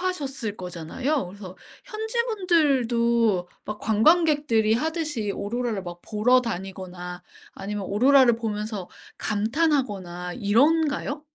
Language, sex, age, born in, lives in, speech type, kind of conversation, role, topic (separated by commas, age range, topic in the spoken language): Korean, female, 40-44, South Korea, United States, conversation, podcast, host, 사진보다 더 강렬했던 자연의 순간이 있었나요?